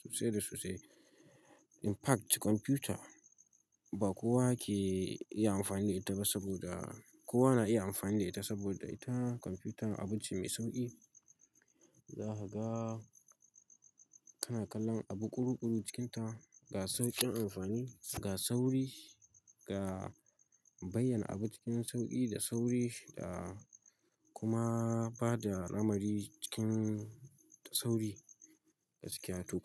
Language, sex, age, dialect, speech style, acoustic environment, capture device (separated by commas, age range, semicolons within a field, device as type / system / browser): Hausa, male, 18-24, Nigeria - Katsina, happy, silence, mobile / Linux / Chrome